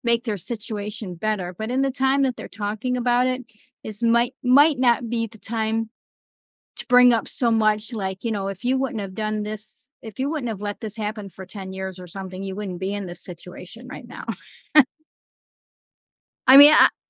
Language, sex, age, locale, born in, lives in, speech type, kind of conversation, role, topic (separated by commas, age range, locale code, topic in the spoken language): English, female, 55-59, en-US, United States, United States, conversation, podcast, guest, How can we become better at showing empathy in our everyday conversations?